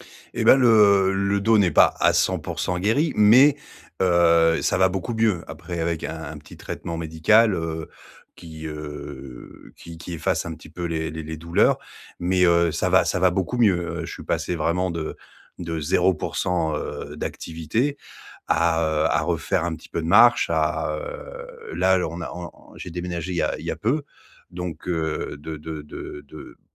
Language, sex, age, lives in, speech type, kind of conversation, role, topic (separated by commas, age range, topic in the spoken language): French, male, 40-44, France, conversation, advice, user, Comment reprendre une habitude après une longue pause ?